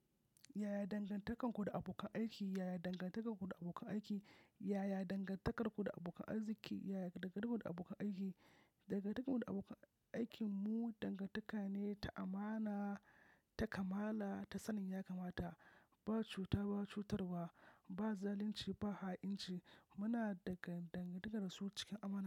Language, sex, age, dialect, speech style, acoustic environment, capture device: Hausa, male, 25-34, Nigeria - Kano, focused, silence, mobile / macOS / Safari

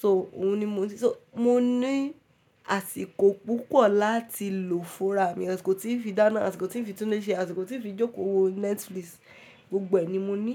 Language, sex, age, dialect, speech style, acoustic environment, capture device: Yoruba, female, 18-24, Nigeria - Ibadan, focused, silence, mobile / Linux / Chrome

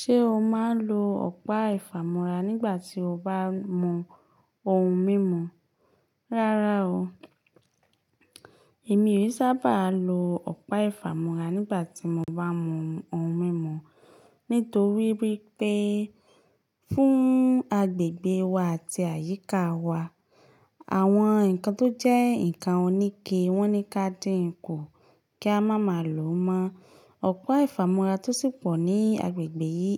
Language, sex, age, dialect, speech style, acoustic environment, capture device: Yoruba, female, 25-34, Nigeria - Ibadan, focused, silence, mobile / Linux / Chrome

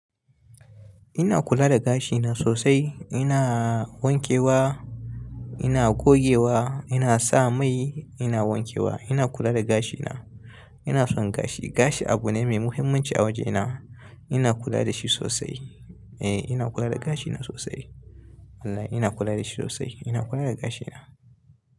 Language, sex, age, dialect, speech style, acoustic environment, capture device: Hausa, male, 25-34, Nigeria - Kano, happy, silence, mobile / Linux / Chrome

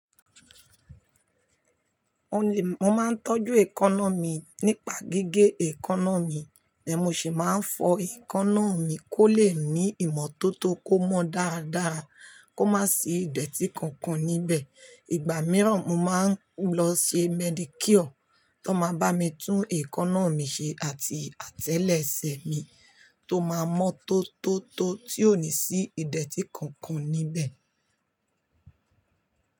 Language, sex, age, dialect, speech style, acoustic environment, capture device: Yoruba, female, 45-54, Nigeria - Lagos Yoruba, happy, silence, mobile / Linux / Chrome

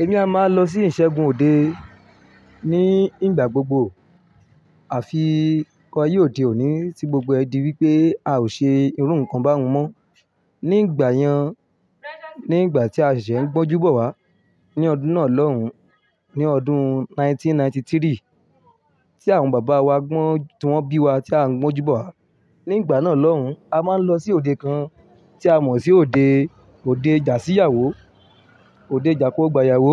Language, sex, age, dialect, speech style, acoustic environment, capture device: Yoruba, male, 25-34, Nigeria - Ibadan, happy, music, mobile / Linux / Chrome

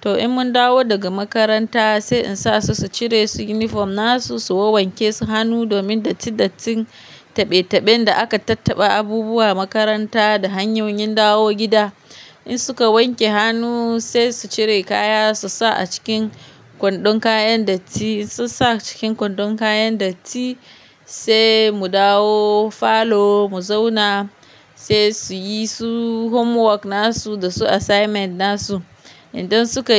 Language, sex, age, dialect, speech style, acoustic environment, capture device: Hausa, female, 25-34, Nigeria - Kano, relaxed; focused; happy, nature; silence, mobile / Linux / Chrome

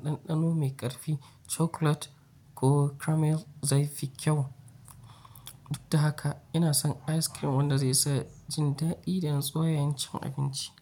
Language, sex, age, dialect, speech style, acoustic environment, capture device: Hausa, male, 25-34, Nigeria - Nigerian Standard, focused; excited, nature; appliances, mobile / Linux / Chrome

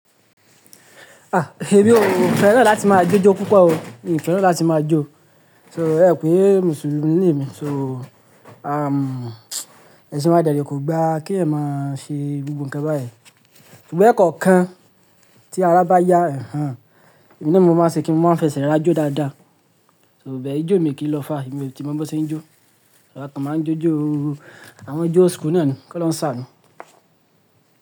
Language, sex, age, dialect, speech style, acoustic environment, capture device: Yoruba, male, 25-34, Nigeria - Lagos Yoruba, relaxed; focused; happy; excited, silence, mobile / Linux / Chrome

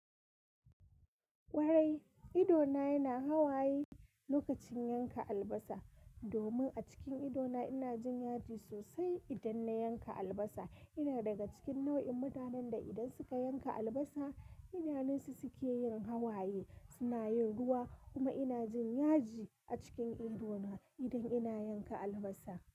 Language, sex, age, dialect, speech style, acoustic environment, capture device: Hausa, female, 25-34, Nigeria - Kano, relaxed, nature, mobile / Linux / Chrome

